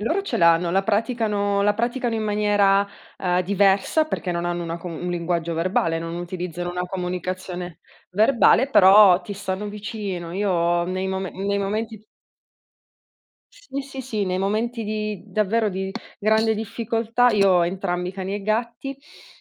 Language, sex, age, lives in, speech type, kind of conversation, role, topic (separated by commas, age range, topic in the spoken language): Italian, female, 30-34, Italy, conversation, unstructured, none, Come reagisci quando qualcuno minimizza i tuoi ricordi dolorosi?